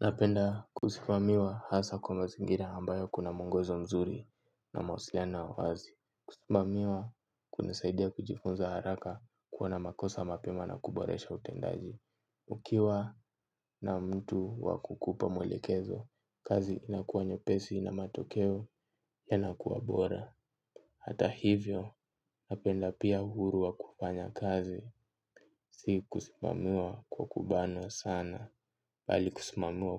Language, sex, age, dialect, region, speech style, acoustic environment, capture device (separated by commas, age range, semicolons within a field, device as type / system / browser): Swahili, male, 18-24, Kenya - Nairobi Sheng-influenced, Kenya, excited, silence, mobile / Linux / Chrome